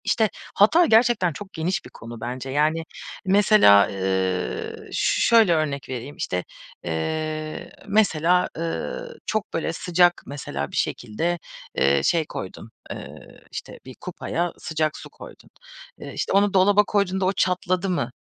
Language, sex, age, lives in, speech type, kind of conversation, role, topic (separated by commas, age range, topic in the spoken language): Turkish, female, 30-34, Germany, conversation, podcast, host, Hatalarından nasıl ders çıkarırsın?